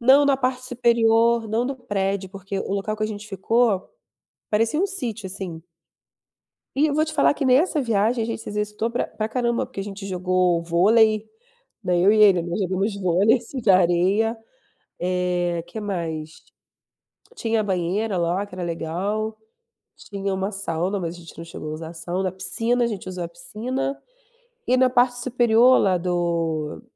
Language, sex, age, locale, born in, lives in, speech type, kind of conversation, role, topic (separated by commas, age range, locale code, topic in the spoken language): Portuguese, female, 30-34, pt-BR, Brazil, Germany, conversation, unstructured, none, Como você incorpora exercícios físicos na sua rotina?